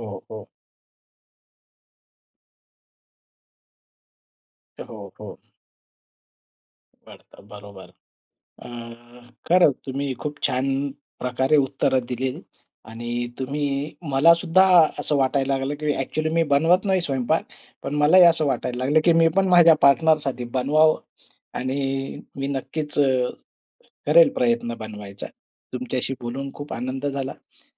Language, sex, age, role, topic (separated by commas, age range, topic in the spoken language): Marathi, male, 45-49, host, जेवण बनवून प्रेम दाखवणं तुम्हाला किती महत्त्वाचं वाटतं?